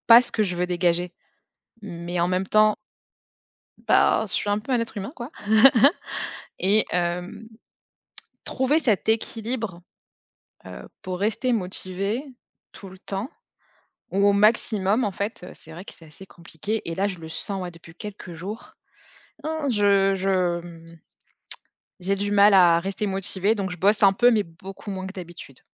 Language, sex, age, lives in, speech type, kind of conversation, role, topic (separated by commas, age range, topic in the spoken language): French, female, 30-34, France, conversation, advice, user, Comment gérer l’épuisement et la perte de motivation après plusieurs mois sans progrès visibles ?